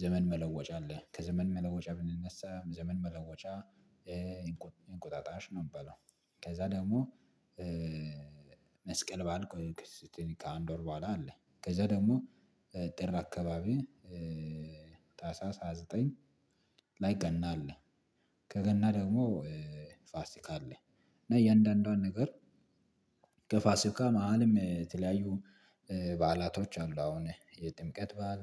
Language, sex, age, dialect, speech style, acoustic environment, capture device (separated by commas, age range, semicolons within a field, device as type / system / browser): Amharic, male, 25-34, Ethiopia - Addis Ababa, relaxed, nature, mobile / Linux / Chrome